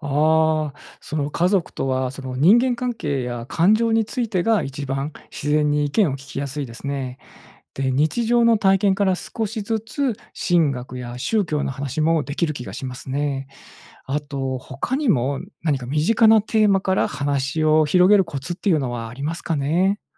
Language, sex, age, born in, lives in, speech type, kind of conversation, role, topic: Japanese, male, 45-49, Japan, Japan, read, expert-assistant, asker, 神学の本やお話を理解しやすくするためのコツや工夫にはどんなものがありますか？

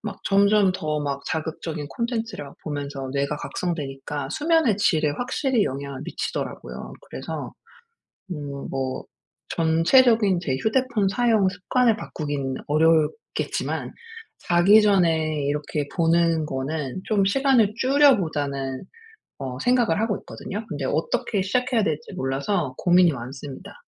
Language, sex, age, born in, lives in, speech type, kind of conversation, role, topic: Korean, female, 40-44, South Korea, United States, conversation, advice, user, 잠자기 전에 화면 보는 시간을 줄이려면 어떻게 해야 하나요?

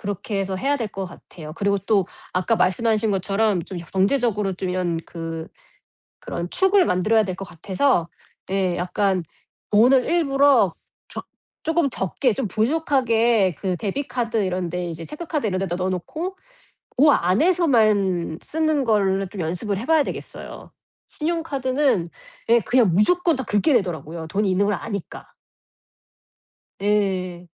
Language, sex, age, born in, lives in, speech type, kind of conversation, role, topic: Korean, female, 40-44, South Korea, United States, conversation, advice, user, 자기연민 훈련과 회복탄력성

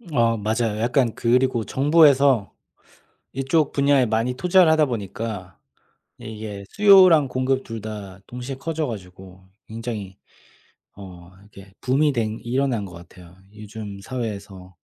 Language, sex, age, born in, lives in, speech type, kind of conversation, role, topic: Korean, male, 30-34, South Korea, Germany, conversation, unstructured, none, 인공지능이 우리의 일자리를 빼앗을까요?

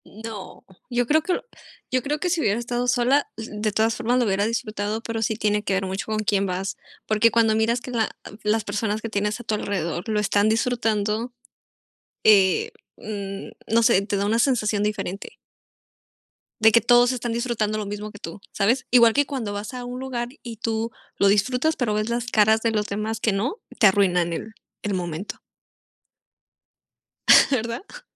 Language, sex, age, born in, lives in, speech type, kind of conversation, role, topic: Spanish, female, 25-29, Mexico, Mexico, conversation, unstructured, none, ¿Cuál fue el concierto o espectáculo que más disfrutaste?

